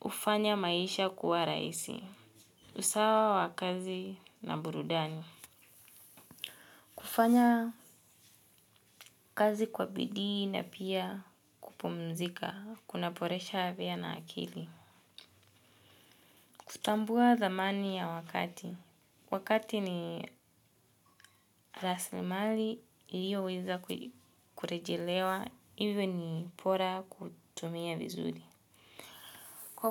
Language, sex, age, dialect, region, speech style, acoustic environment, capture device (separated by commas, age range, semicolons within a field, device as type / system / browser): Swahili, female, 25-34, Kenya - Nairobi Sheng-influenced, Kenya, relaxed, silence, mobile / Linux / Edge